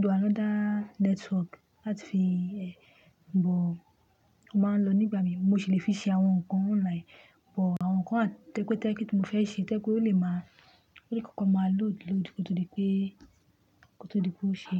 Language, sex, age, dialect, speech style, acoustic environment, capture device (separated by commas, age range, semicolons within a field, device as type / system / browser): Yoruba, female, 25-34, Nigeria - Lagos Yoruba, happy, nature, mobile / Linux / Chrome